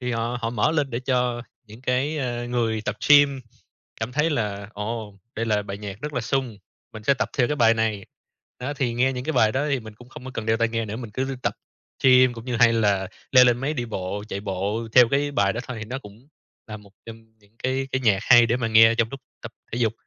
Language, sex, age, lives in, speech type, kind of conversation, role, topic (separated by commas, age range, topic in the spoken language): Vietnamese, male, 30-34, Vietnam, conversation, unstructured, none, Bạn thường bắt đầu ngày mới như thế nào để tràn đầy năng lượng?